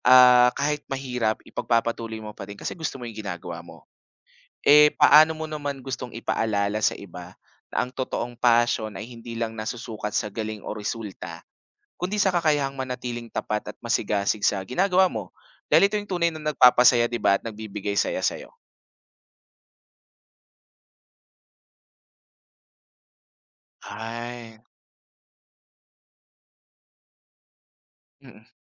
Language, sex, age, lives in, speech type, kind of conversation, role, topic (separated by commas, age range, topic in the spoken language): Filipino, male, 25-29, Philippines, conversation, podcast, host, Ano ang pinaka-makabuluhang alaala mo mula sa isang libangan?